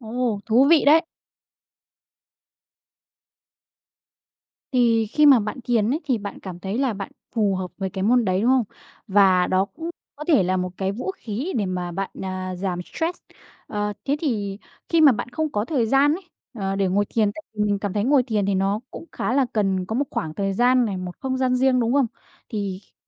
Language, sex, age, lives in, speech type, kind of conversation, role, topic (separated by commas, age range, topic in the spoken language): Vietnamese, female, 20-24, Vietnam, conversation, podcast, host, Bạn làm gì để giảm căng thẳng mỗi ngày?